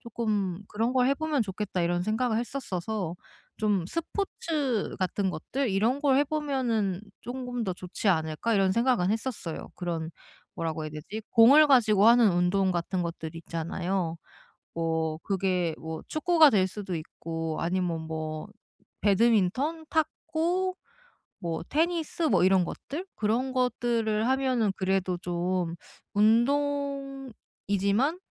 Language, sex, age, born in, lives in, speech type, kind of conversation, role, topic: Korean, female, 30-34, South Korea, South Korea, conversation, advice, user, 운동을 시작할 때 느끼는 불안을 어떻게 극복할 수 있나요?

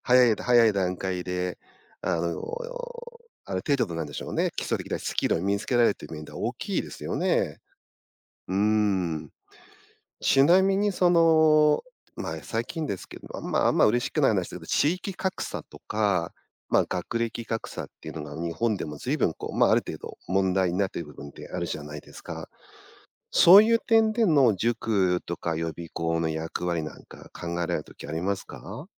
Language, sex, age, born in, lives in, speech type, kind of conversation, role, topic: Japanese, male, 50-54, Japan, Japan, conversation, podcast, host, 塾や予備校の存在は、教育にどのような影響を与えているのでしょうか？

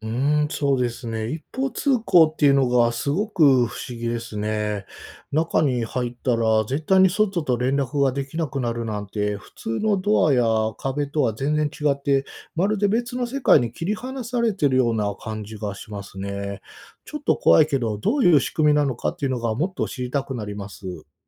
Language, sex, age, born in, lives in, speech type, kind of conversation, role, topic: Japanese, male, 45-49, Japan, Japan, read, expert-assistant, asker, ブラックホールはなぜ光をも逃すことができないのですか？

